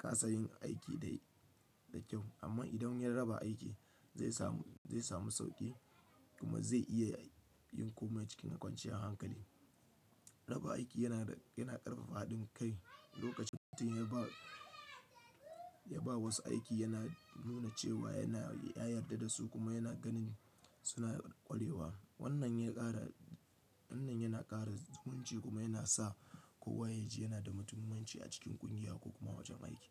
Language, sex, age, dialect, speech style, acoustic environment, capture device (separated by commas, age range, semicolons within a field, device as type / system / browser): Hausa, male, 25-34, Nigeria - Kano, relaxed, nature, desktop / Linux / Chrome